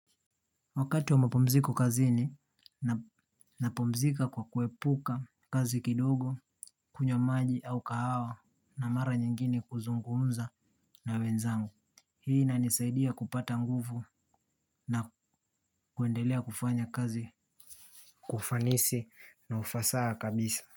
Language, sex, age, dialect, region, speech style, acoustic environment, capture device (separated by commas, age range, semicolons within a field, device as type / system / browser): Swahili, male, 18-24, Kenya - Nairobi Sheng-influenced, Kenya, happy, nature, mobile / Linux / Chrome